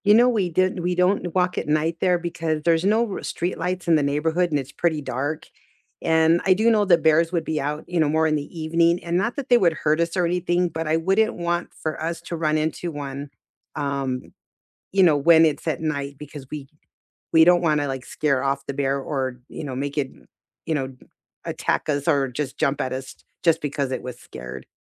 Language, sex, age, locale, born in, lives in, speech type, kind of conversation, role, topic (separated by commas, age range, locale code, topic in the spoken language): English, female, 55-59, en-US, United States, United States, conversation, unstructured, none, Which walkable neighborhood do you love exploring, and what makes it feel special to you?